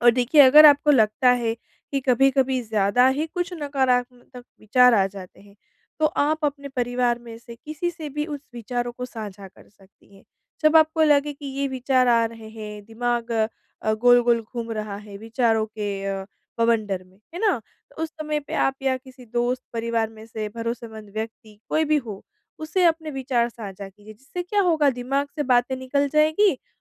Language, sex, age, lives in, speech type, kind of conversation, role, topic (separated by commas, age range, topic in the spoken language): Hindi, female, 25-29, India, conversation, advice, advisor, मैं बार-बार वही नकारात्मक आदतें क्यों दोहराता/दोहराती हूँ?